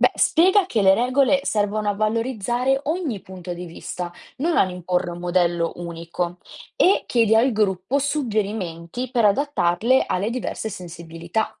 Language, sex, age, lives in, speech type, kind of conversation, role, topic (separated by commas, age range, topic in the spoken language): Italian, female, 20-24, Italy, read, expert-assistant, expert, Come gestire malintesi che nascono da usanze diverse durante una riunione di lavoro?